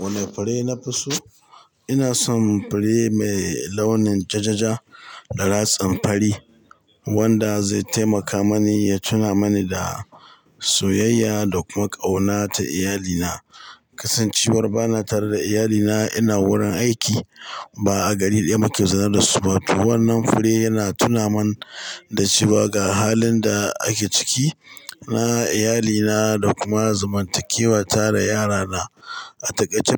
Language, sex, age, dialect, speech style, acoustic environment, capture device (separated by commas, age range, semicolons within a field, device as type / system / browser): Hausa, male, 45-54, Nigeria - Katsina, happy; excited; focused, people talking; nature, mobile / Linux / Chrome